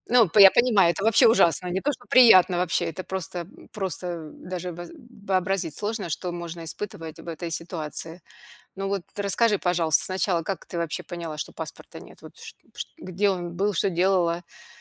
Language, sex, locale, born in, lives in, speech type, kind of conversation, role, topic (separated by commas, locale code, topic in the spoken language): Russian, female, ru-RU, Russia, United States, conversation, podcast, host, Что вы делали, когда потеряли паспорт за границей?